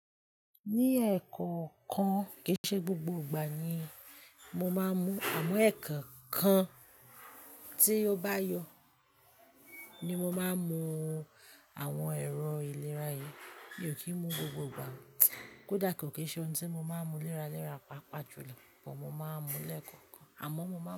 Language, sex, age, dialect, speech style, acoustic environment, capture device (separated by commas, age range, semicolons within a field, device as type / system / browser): Yoruba, female, 25-34, Nigeria - Ibadan, happy, people talking, mobile / Linux / Chrome